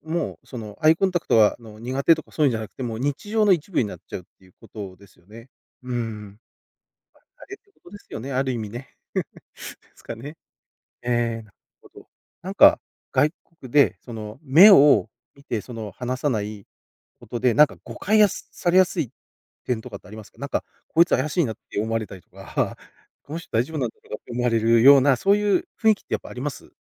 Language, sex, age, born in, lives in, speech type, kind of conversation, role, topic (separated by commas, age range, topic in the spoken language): Japanese, male, 40-44, Japan, Japan, conversation, podcast, host, アイコンタクトが苦手な場合、どうすれば改善できますか？